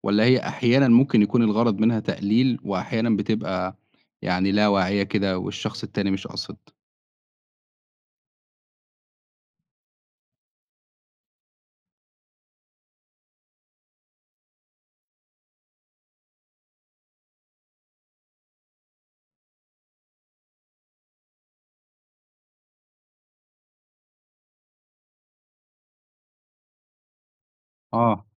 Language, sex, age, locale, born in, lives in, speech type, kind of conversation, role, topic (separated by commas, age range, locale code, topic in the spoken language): Arabic, male, 25-29, ar-EG, Egypt, Egypt, conversation, podcast, host, بتتصرف إزاي لما حد يقاطعك وإنت بتتكلم؟